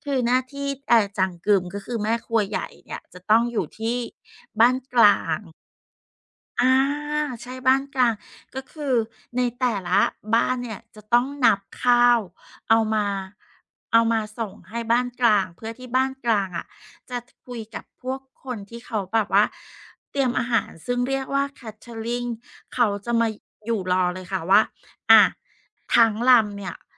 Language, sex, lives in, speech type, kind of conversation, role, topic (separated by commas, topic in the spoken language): Thai, female, Thailand, conversation, podcast, guest, เมื่อเผชิญกับความล้มเหลว คุณรับมืออย่างไร?